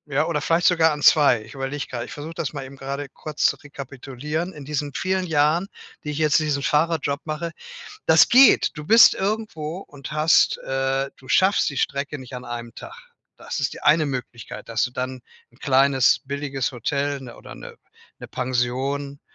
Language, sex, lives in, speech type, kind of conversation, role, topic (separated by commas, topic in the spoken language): German, male, Germany, conversation, advice, user, Wie kann ich trotz Zeitmangels Urlaub machen und neue Orte entdecken?